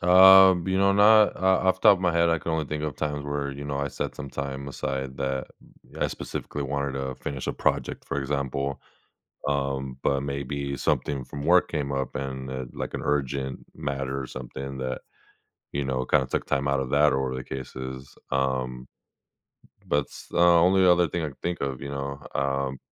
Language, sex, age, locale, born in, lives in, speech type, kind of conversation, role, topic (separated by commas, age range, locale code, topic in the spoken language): English, male, 25-29, en-US, United States, United States, conversation, advice, user, How can I improve my daily routine to be more productive and less stressed?